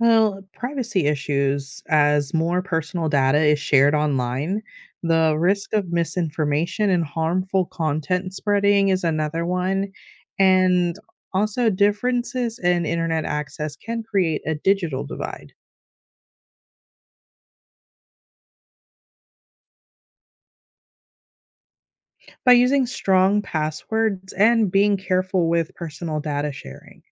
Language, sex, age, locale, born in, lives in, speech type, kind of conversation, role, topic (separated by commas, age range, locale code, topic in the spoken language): English, female, 35-39, en-US, United States, United States, read, expert-assistant, expert, What is the significance of the World Wide Web?